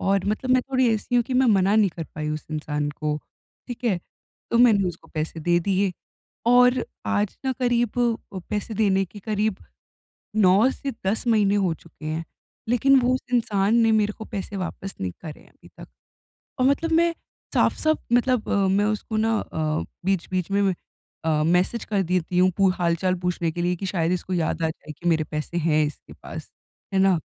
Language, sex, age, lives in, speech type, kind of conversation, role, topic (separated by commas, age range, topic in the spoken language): Hindi, female, 20-24, India, conversation, advice, user, मैं पैसों के बारे में बातचीत कैसे सहज, स्पष्ट और सम्मानजनक तरीके से कर सकता/सकती हूँ?